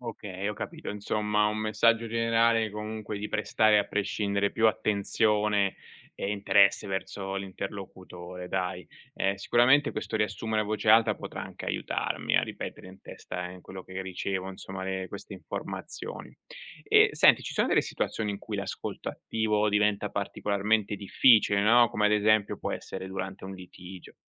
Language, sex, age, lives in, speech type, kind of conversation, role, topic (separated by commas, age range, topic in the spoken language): Italian, male, 40-44, Italy, read, expert-assistant, asker, Come si può migliorare la capacità di ascolto attivo?